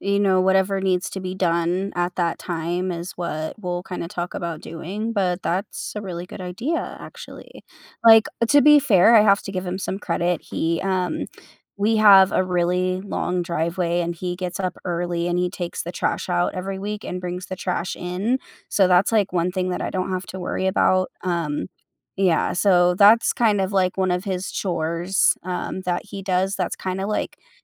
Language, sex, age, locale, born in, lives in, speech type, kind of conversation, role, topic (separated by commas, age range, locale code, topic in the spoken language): English, female, 25-29, en-US, United States, United States, conversation, advice, user, How do I address my partner not pulling their weight with household chores?